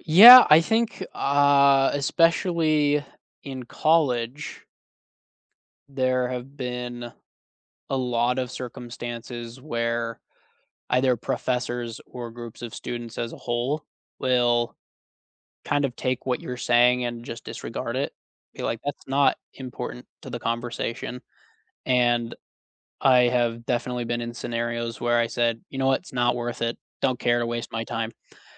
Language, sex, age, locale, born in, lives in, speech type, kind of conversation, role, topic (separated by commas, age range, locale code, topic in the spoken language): English, male, 30-34, en-US, United States, United States, conversation, unstructured, none, How do you handle situations when you feel treated unfairly?